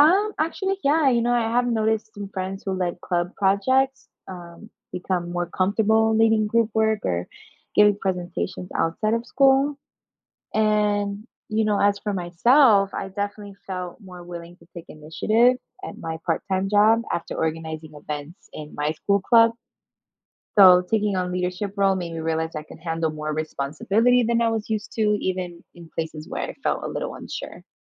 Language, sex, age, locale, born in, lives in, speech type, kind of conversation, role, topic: English, female, 25-29, en-US, United States, United States, read, expert-assistant, asker, How does participating in school clubs or organizations build leadership skills?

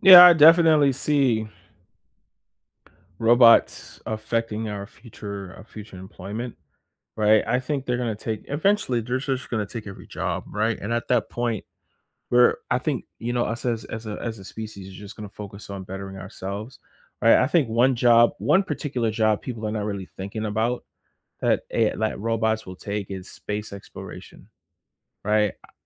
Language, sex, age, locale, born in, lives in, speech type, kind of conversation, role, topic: English, male, 25-29, en-US, United States, United States, conversation, unstructured, none, How will robots affect jobs in the future?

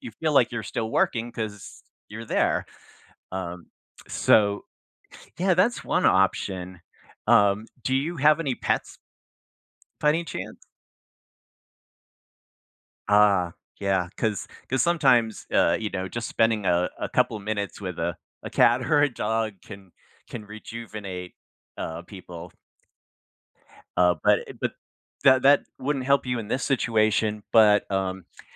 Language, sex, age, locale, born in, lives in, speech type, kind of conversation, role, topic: English, male, 60-64, en-US, United States, United States, conversation, advice, advisor, How can I balance my work and personal life so I don't feel overwhelmed?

